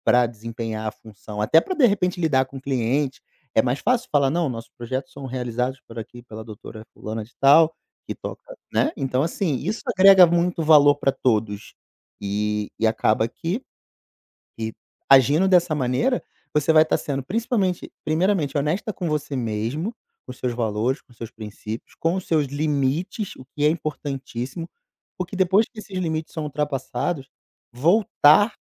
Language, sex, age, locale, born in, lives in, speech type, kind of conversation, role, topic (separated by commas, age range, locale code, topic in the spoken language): Portuguese, male, 35-39, pt-BR, Brazil, Portugal, conversation, advice, advisor, Como posso lidar com a sobrecarga de assumir muitas responsabilidades ao mesmo tempo?